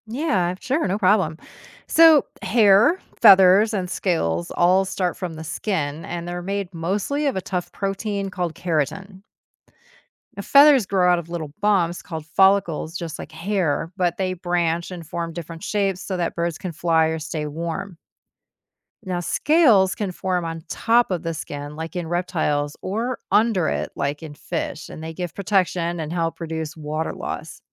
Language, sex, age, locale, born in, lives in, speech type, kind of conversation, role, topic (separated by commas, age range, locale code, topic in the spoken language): English, female, 50-54, en-US, United States, United States, read, expert-assistant, expert, Why do some animals have fur while others have scales or feathers?